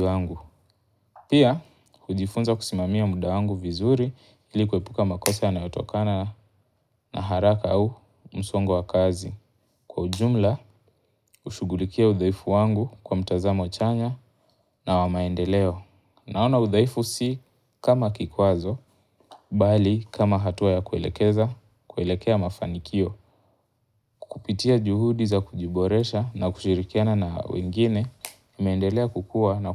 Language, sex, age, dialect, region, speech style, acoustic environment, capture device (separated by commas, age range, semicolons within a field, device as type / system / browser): Swahili, male, 18-24, Kenya - Mombasa, Kenya, focused, nature, mobile / Linux / Chrome